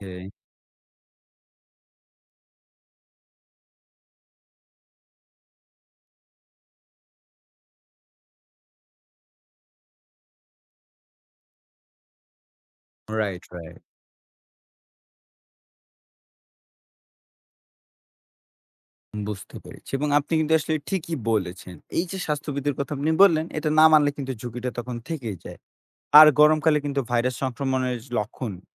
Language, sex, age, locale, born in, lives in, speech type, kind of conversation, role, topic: Bengali, male, 20-24, bn-BD, Bangladesh, Bangladesh, read, expert-assistant, asker, অনেকেই বলেন, গরমে ভাইরাস সহজেই মরে যায়—এটা কি ঠিক?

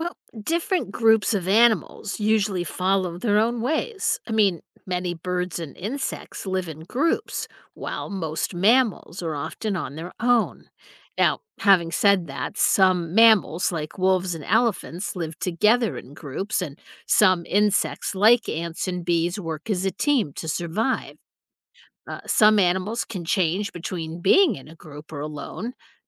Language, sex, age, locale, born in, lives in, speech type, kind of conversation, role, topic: English, female, 55-59, en-US, United States, United States, read, expert-assistant, expert, Why do some animals live in groups but others alone?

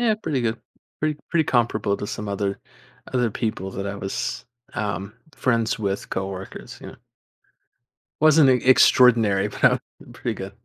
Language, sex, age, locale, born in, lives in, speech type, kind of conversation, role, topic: English, male, 20-24, en-US, United States, United States, conversation, advice, user, How can I cope with job loss?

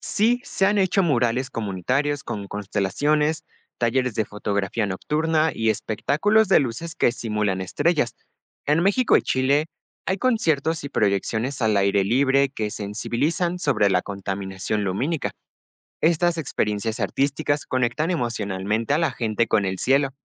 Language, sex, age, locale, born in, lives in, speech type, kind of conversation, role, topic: Spanish, male, 18-19, es-MX, Mexico, Mexico, read, expert-assistant, expert, ¿De qué manera las distintas culturas celebran o interpretan los fenómenos astrofísicos como las lluvias de meteoritos o las auroras?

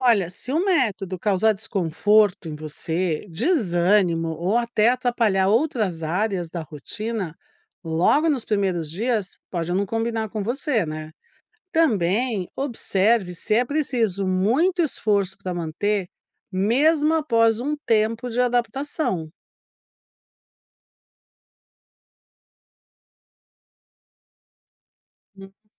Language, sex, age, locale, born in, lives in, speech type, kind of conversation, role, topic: Portuguese, female, 60-64, pt-BR, Brazil, United States, read, expert-assistant, expert, Como dá pra saber se as informações sobre como pensamos e tomamos decisões são confiáveis ou só mais um mito?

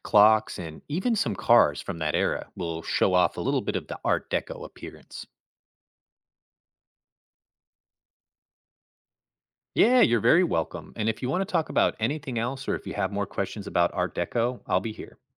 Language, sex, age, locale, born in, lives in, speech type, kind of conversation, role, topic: English, male, 35-39, en-US, United States, United States, read, expert-assistant, expert, What is Art Deco in architecture?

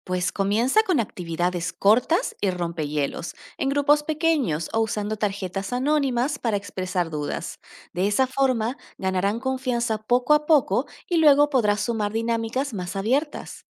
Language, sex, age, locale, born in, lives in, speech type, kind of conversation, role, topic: Spanish, female, 30-34, es-AR, Argentina, Netherlands, read, expert-assistant, expert, ¿Qué alternativas podríamos crear para que todos se sientan cómodos cuando buscan ayuda médica, sin importar su cultura?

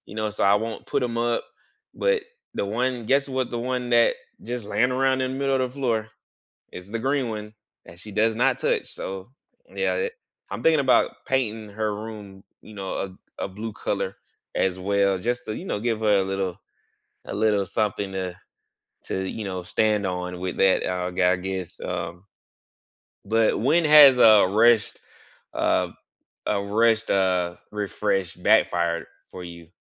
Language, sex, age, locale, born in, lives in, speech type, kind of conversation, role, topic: English, male, 35-39, en-US, United States, United States, conversation, unstructured, none, How can you refresh a room in one day on a budget?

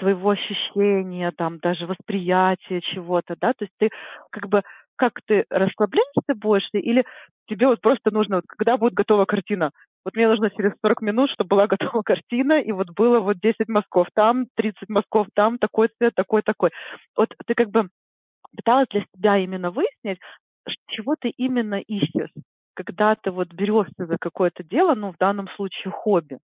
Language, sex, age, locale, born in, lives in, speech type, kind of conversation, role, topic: Russian, female, 40-44, ru-RU, Ukraine, United States, conversation, advice, advisor, Почему мне трудно получать удовольствие от хобби и отдыха?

